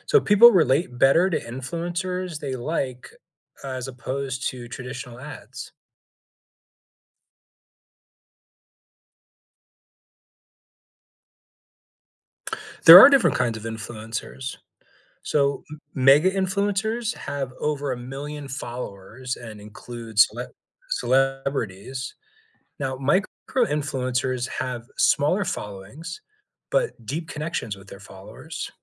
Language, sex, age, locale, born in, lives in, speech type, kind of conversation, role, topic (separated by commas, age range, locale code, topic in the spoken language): English, male, 40-44, en-US, United States, United States, read, expert-assistant, expert, Explain how influencers shape the way products are marketed.